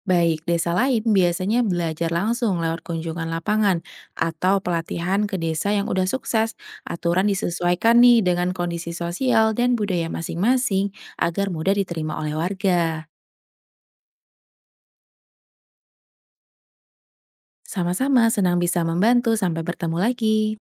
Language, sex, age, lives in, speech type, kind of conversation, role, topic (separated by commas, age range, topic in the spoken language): Indonesian, female, 30-34, Indonesia, read, expert-assistant, expert, Sumber informasi apa yang paling bisa dipercaya untuk belajar lebih dalam tentang pelestarian satwa liar di Indonesia?